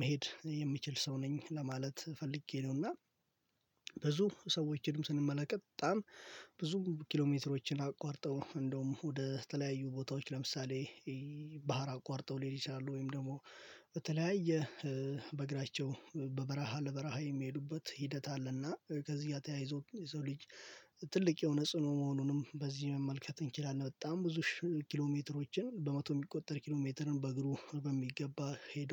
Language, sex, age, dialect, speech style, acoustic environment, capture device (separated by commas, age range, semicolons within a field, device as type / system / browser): Amharic, male, 18-24, Ethiopia - Addis Ababa, focused, nature, mobile / Linux / Chrome